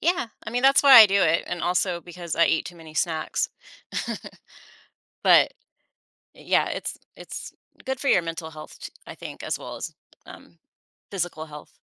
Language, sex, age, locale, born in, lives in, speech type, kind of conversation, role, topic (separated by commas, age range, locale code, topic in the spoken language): English, female, 40-44, en-US, United States, United States, conversation, podcast, host, What habits help you maintain a healthy balance between your job and personal life?